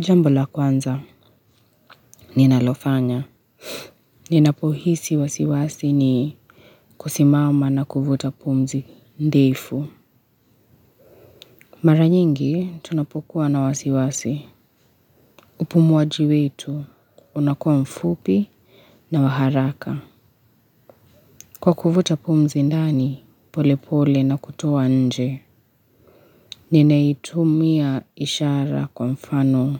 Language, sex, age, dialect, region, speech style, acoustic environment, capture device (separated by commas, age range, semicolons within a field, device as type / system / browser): Swahili, female, 25-34, Kenya - Nairobi Sheng-influenced, Kenya, tired; relaxed, nature; silence, mobile / Linux / Chrome